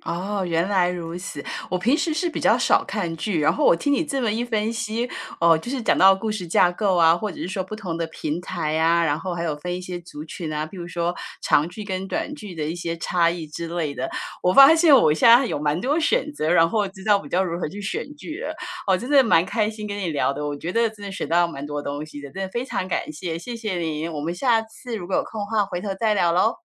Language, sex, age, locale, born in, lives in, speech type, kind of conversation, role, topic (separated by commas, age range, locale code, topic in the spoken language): Chinese, female, 50-54, zh-TW, Taiwan, United States, conversation, podcast, host, 你觉得现在的年轻人更爱看哪类电视剧？